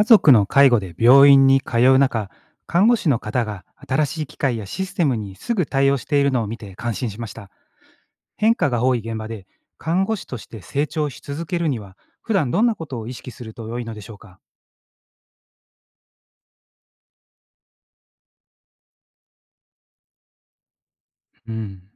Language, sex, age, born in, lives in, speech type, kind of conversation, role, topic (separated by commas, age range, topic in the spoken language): Japanese, male, 45-49, Japan, Japan, read, expert-assistant, asker, 医療現場の変化に対応しながら、看護師として成長し続けるにはどうしたらよいですか？